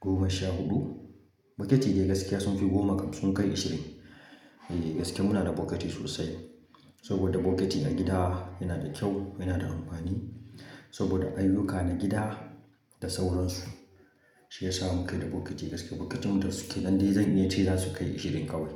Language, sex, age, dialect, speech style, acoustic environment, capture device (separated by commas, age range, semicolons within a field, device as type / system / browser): Hausa, male, 25-34, Nigeria - Kano, happy; focused, nature, mobile / Linux / Chrome